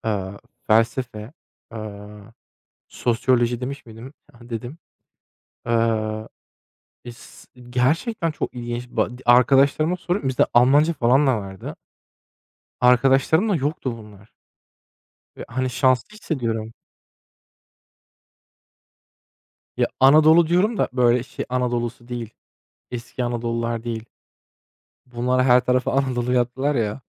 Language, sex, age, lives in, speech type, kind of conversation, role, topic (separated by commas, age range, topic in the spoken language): Turkish, male, 25-29, Germany, conversation, unstructured, none, Kendini ifade etmek senin için neden önemli?